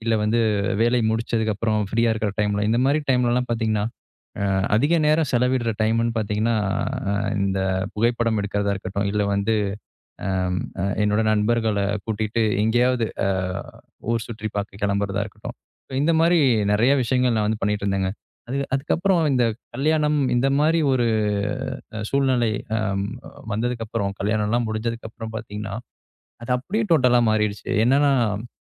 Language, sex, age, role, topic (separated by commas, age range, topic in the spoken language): Tamil, male, 25-29, host, பணிப் பெயர் இல்லாமல் உங்களை நீங்கள் எப்படி அறிமுகப்படுத்துவீர்கள்?